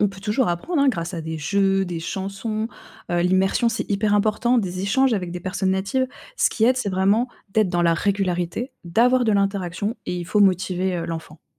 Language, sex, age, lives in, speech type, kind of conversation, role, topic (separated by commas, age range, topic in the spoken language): French, female, 25-29, France, read, expert-assistant, expert, Comment les enfants apprennent-ils leur langue maternelle et deviennent-ils bilingues ?